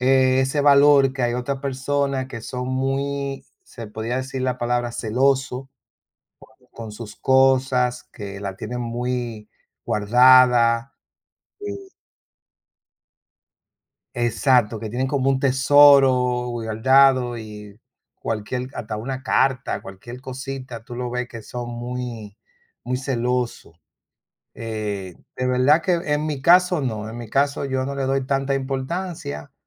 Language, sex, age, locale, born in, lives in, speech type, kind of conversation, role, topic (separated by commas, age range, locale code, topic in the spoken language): Spanish, male, 55-59, es-DO, Dominican Republic, United States, conversation, unstructured, none, ¿Cómo te sientes cuando alguien invade tu privacidad?